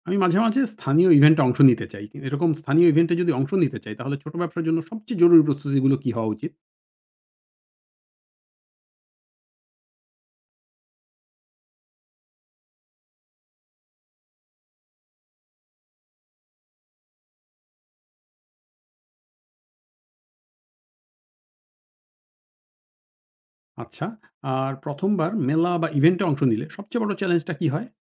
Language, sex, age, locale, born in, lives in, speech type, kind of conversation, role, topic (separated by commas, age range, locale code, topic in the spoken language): Bengali, male, 40-44, bn-BD, Bangladesh, Finland, read, expert-assistant, asker, ইন্টারনেটে ব্যবস্থাপনা নিয়ে প্রচুর তথ্য থাকে—কিভাবে ঠিক করব কোনটা আমার কাজে লাগবে?